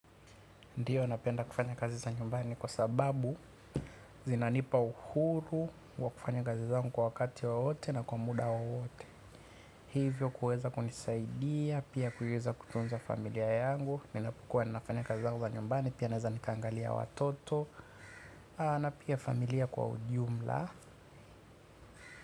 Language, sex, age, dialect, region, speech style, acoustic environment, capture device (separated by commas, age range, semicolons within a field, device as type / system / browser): Swahili, male, 25-34, Tanzania - Dar es Salaam, Tanzania, focused, silence, mobile / Linux / Chrome